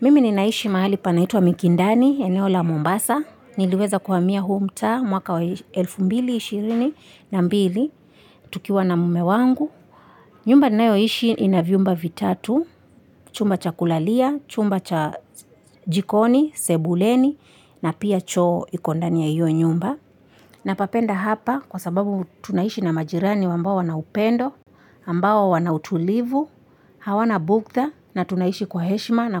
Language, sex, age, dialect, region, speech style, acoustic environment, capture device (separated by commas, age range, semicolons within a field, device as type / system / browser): Swahili, female, 25-34, Kenya - Mombasa, Kenya, excited, silence, mobile / Linux / Chrome